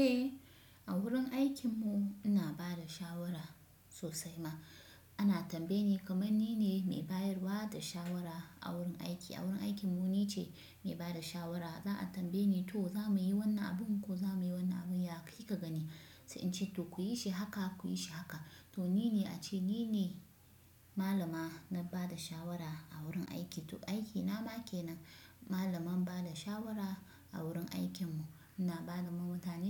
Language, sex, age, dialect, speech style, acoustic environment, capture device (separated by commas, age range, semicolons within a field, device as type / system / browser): Hausa, female, 25-34, Nigeria - Kano, relaxed, silence, desktop / Windows / Chrome